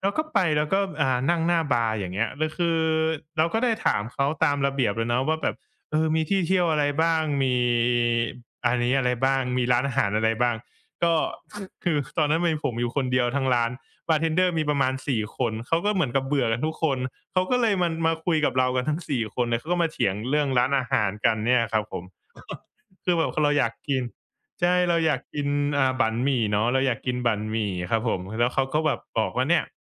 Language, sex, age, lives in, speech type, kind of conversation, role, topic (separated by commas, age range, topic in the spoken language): Thai, male, 25-29, Thailand, conversation, podcast, guest, คุณมีเทคนิคในการหาเส้นทางลับหรือสถานที่เด็ด ๆ อย่างไรบ้าง?